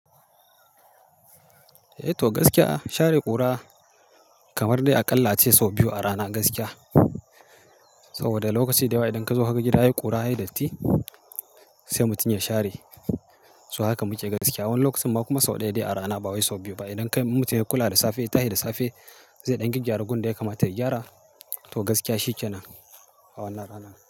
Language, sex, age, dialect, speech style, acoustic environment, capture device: Hausa, male, 35-44, Nigeria - Kano, happy; focused, silence, mobile / Linux / Chrome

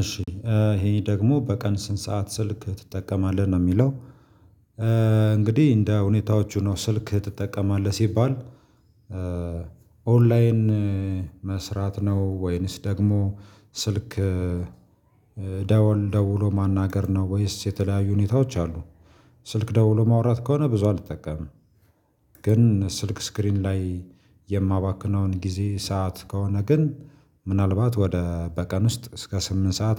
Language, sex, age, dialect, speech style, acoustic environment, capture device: Amharic, male, 25-34, Ethiopia - Gojjam, happy, silence, mobile / Linux / Chrome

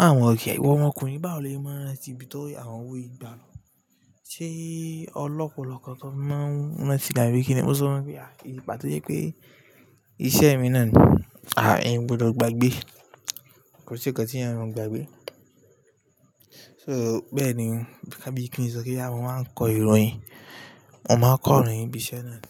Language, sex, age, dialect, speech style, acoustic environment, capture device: Yoruba, male, 18-24, Nigeria - Lagos Yoruba, relaxed, appliances; silence, mobile / Linux / Chrome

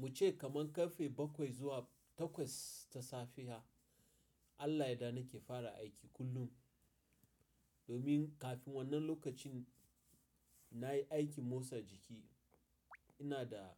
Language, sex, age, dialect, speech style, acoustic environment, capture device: Hausa, male, 35-44, Nigeria - Nigerian Standard, happy, nature, mobile / Linux / Chrome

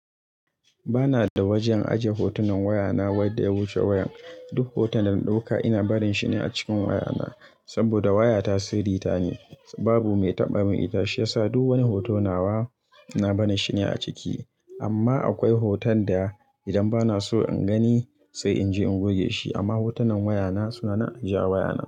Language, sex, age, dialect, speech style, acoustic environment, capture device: Hausa, male, 25-34, Nigeria - Kano, focused, people talking; music, mobile / Linux / Chrome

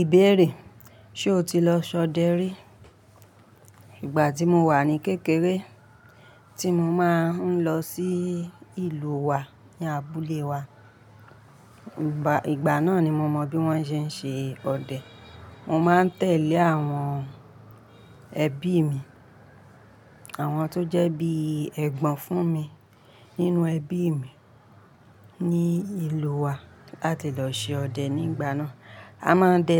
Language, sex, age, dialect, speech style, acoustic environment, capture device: Yoruba, female, 35-44, Nigeria - Ibadan, happy; relaxed; focused, appliances; nature; people talking; traffic, mobile / Linux / Chrome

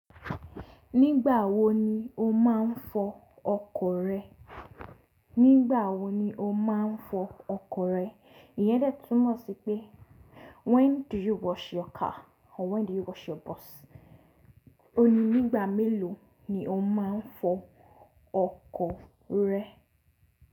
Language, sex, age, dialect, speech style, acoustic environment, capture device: Yoruba, female, 18-24, Nigeria - Lagos Yoruba, relaxed, silence, mobile / Linux / Chrome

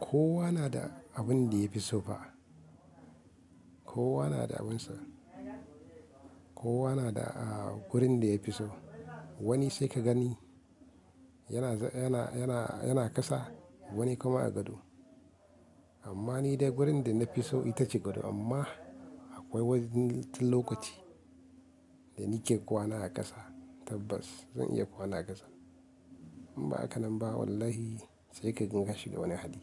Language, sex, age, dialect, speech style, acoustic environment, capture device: Hausa, male, 25-34, Nigeria - Kano, happy, silence, mobile / Linux / Chrome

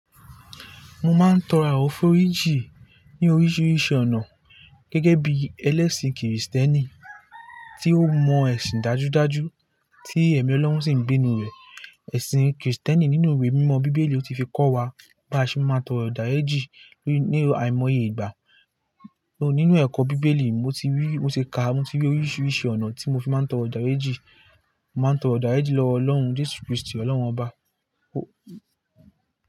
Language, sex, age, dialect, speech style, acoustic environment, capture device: Yoruba, male, 18-24, Nigeria - Lagos Yoruba, relaxed, nature, mobile / Linux / Chrome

